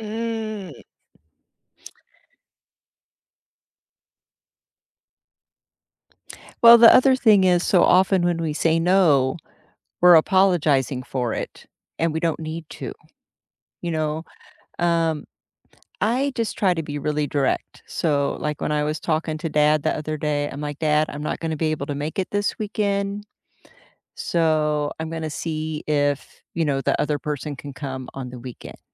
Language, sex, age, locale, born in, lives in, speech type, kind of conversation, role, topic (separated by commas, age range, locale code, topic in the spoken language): English, female, 55-59, en-US, United States, United States, conversation, unstructured, none, When do you feel okay saying no, even if it disappoints someone you care about?